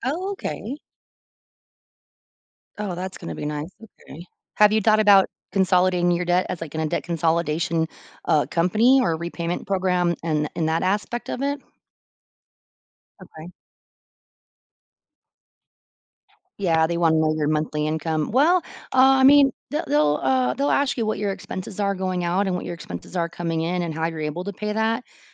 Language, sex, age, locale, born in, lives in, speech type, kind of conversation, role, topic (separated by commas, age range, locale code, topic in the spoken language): English, female, 40-44, en-US, United States, United States, conversation, advice, advisor, How can I manage mounting bills and reduce the financial anxiety I'm facing?